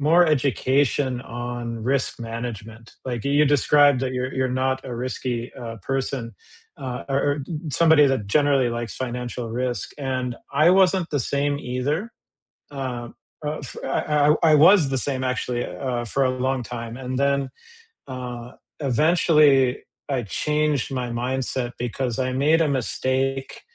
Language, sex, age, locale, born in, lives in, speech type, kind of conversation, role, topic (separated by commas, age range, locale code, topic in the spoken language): English, male, 45-49, en-US, United States, United States, conversation, unstructured, none, What is one thing you wish you had learned about money earlier?